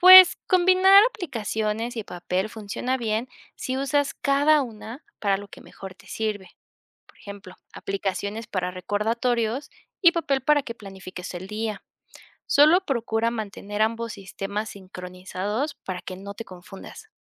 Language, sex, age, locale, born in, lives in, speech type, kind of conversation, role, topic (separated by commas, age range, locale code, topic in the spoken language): Spanish, female, 25-29, es-MX, Mexico, Mexico, read, expert-assistant, expert, ¿Cómo puedo saber si un libro de productividad realmente está basado en evidencia y no solo en opiniones personales?